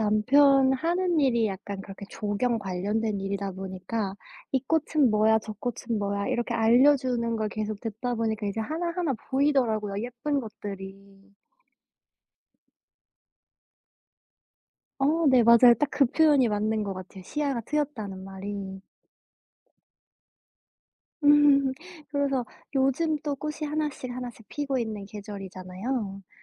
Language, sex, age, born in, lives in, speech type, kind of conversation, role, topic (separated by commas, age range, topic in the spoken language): Korean, female, 25-29, South Korea, United States, conversation, unstructured, none, 항상 여름인 곳에서 사는 것과 항상 겨울인 곳에서 사는 것 중 어느 쪽을 선택하시겠습니까?